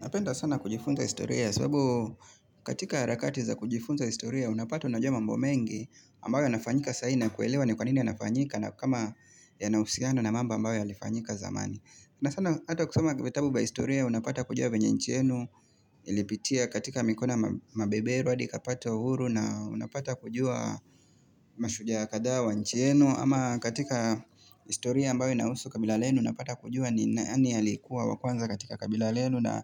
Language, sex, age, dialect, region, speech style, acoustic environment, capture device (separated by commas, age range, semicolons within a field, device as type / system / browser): Swahili, male, 25-34, Kenya - Mombasa, Kenya, relaxed, nature; people talking, mobile / Linux / Chrome